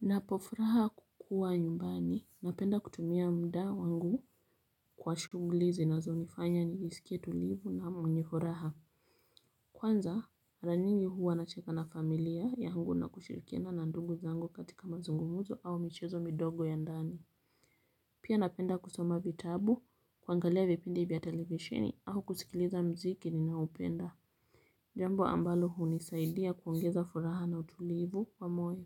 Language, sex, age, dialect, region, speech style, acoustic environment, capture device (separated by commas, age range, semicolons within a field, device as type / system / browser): Swahili, female, 25-34, Kenya - Mombasa, Kenya, relaxed, silence, mobile / Linux / Chrome